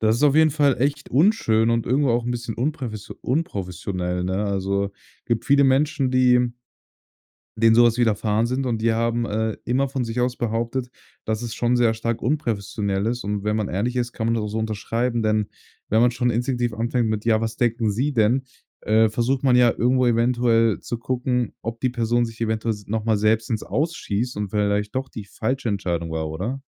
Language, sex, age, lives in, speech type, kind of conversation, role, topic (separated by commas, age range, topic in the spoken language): German, male, 18-19, Germany, conversation, advice, advisor, Wie bist du mit der Absage auf deine Bewerbung und der kritischen Rückmeldung umgegangen?